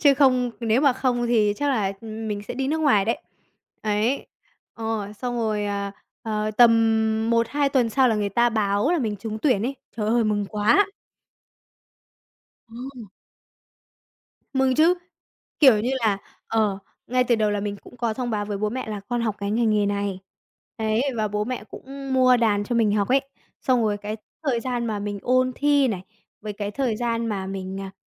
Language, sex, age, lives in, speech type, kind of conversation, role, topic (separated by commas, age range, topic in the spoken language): Vietnamese, male, 20-24, Vietnam, conversation, podcast, guest, Làm sao để biến sở thích thành một nghề nghiệp ý nghĩa?